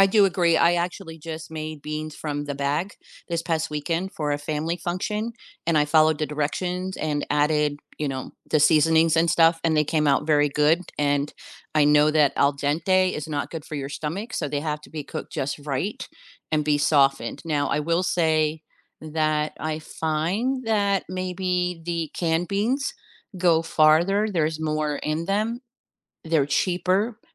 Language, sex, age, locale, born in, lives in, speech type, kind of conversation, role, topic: English, female, 55-59, en-US, United States, United States, conversation, advice, user, How can I save money on groceries each week while still eating healthy?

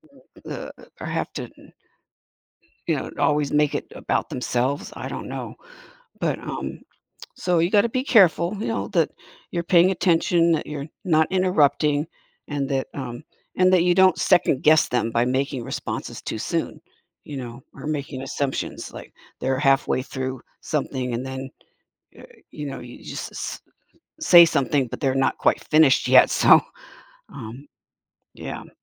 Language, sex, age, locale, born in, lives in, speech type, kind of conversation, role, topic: English, female, 70-74, en-US, United States, United States, conversation, podcast, guest, Why is being a good listener important in building strong relationships?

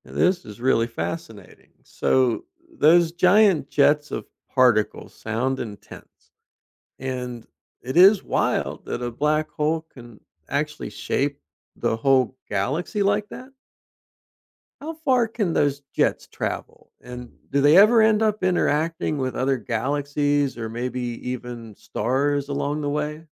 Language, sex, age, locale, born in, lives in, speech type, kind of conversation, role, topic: English, male, 50-54, en-US, United States, United States, read, expert-assistant, asker, What is a black hole and how does it form?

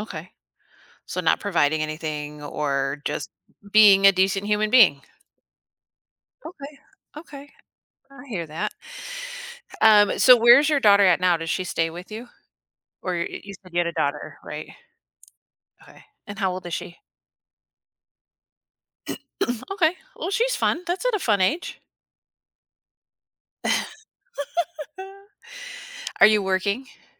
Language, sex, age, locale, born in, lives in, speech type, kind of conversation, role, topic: English, female, 40-44, en-US, United States, United States, conversation, advice, advisor, How do I cope with loneliness after a breakup?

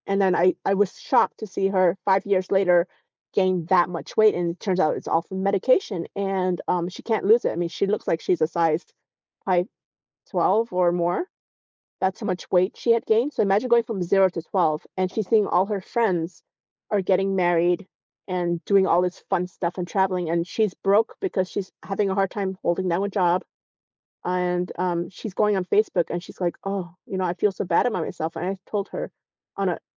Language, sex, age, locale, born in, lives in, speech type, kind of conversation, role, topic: English, female, 45-49, en-US, South Korea, United States, conversation, podcast, guest, How does social media shape our mental well-being and daily lives?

